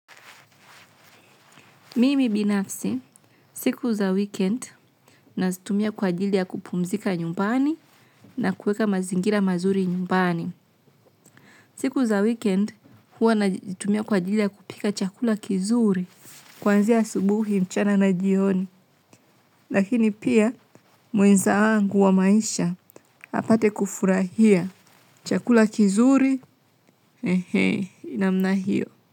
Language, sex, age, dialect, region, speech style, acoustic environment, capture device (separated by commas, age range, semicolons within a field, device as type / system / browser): Swahili, female, 25-34, Tanzania - Dar es Salaam, Tanzania, relaxed, silence, mobile / Linux / Chrome